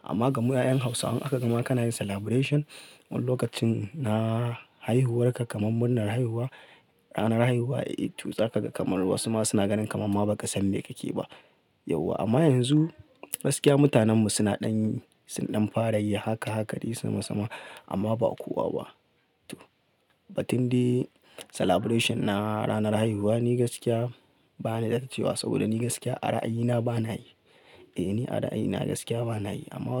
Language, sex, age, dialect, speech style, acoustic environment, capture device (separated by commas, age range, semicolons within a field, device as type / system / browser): Hausa, male, 25-34, Nigeria - Kano, focused, people talking, mobile / Linux / Chrome